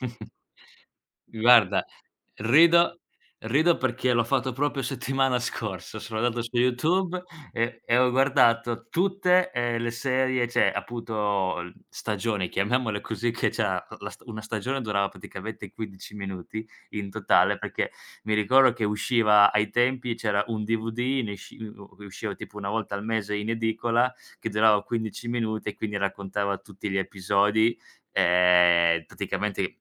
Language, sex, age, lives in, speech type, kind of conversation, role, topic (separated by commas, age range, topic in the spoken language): Italian, male, 25-29, Italy, conversation, podcast, guest, Quale hobby dell'infanzia vorresti riprendere oggi?